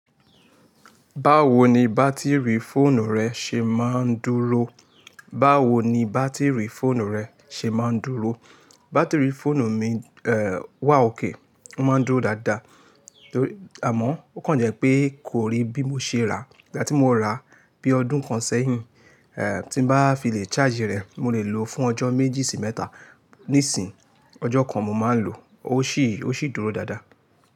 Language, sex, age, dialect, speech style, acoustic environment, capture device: Yoruba, male, 25-34, Nigeria - Lagos Yoruba, focused, nature, mobile / Linux / Chrome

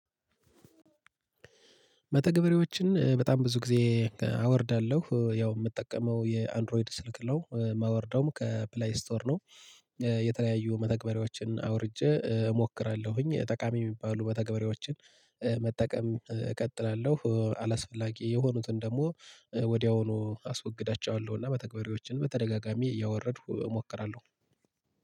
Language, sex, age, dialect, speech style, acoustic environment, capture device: Amharic, male, 25-34, Ethiopia - Gojjam, relaxed, nature, mobile / Linux / Chrome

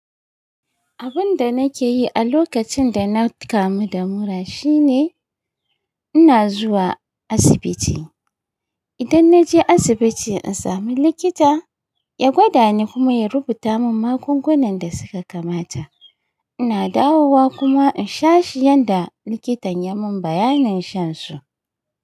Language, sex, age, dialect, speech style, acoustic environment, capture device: Hausa, female, 35-44, Nigeria - Kano, happy, nature, mobile / Linux / Chrome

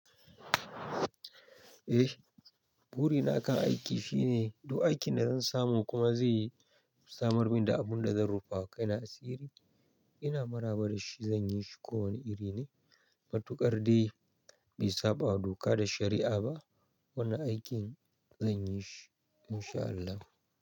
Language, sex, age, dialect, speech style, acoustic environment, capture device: Hausa, male, 25-34, Nigeria - Nigerian Standard, happy; relaxed, nature, mobile / Linux / Chrome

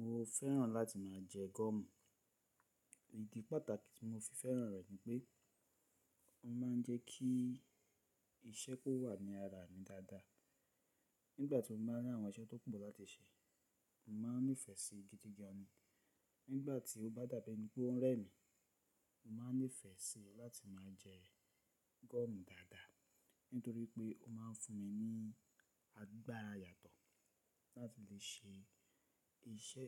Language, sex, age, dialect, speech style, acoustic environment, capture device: Yoruba, male, 35-44, Nigeria - Lagos Yoruba, focused, silence, mobile / Linux / Chrome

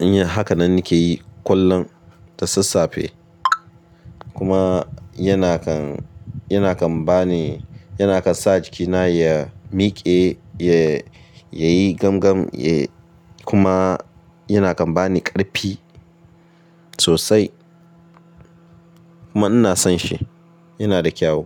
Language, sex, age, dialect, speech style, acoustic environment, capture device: Hausa, male, 25-34, Nigeria - Ibadan, relaxed; focused, nature; appliances, mobile / Linux / Chrome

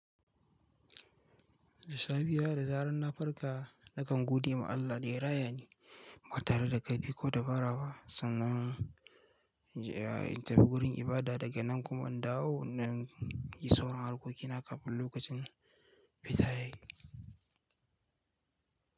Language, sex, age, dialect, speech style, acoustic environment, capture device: Hausa, male, 18-24, Nigeria - Kano, relaxed; focused, silence, mobile / Linux / Chrome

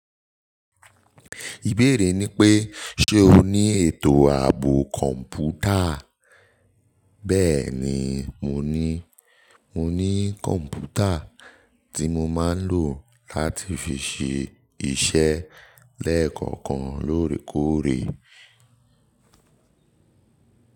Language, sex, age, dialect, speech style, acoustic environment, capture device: Yoruba, male, 18-24, Nigeria - Nigerian Standard, focused, nature; appliances, mobile / Linux / Chrome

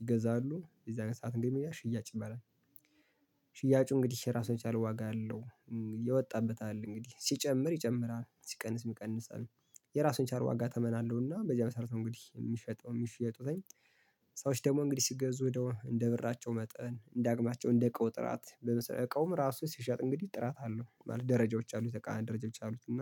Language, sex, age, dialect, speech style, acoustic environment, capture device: Amharic, male, 25-34, Ethiopia - Gondar, happy, silence, mobile / Linux / Chrome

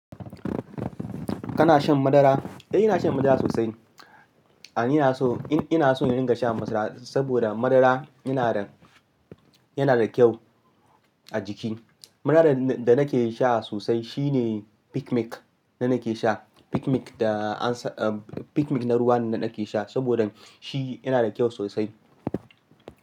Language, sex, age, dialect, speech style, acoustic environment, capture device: Hausa, male, 25-34, Nigeria - Ibadan, focused, nature, mobile / Linux / Chrome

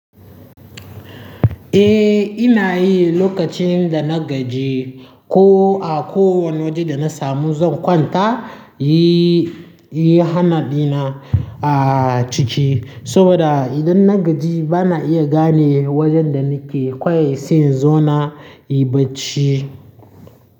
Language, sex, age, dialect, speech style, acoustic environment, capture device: Hausa, male, 18-24, Nigeria - Nigerian Standard, happy; nervous, people talking; traffic; construction, mobile / Linux / Chrome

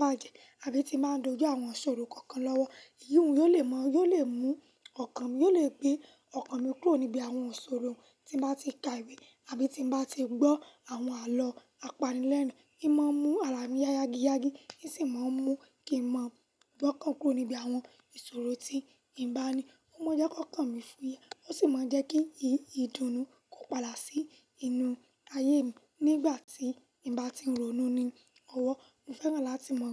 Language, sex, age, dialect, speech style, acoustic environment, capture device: Yoruba, female, 18-24, Nigeria - Lagos Yoruba, happy; anxious, silence, mobile / Linux / Chrome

